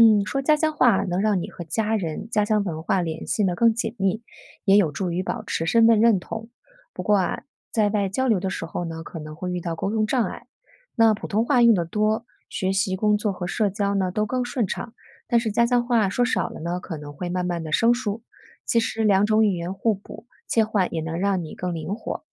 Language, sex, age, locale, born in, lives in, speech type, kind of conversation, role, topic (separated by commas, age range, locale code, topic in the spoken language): Chinese, female, 40-44, zh-CN, China, United States, read, expert-assistant, expert, 说普通话和说家乡话，各自有哪些好处和不便？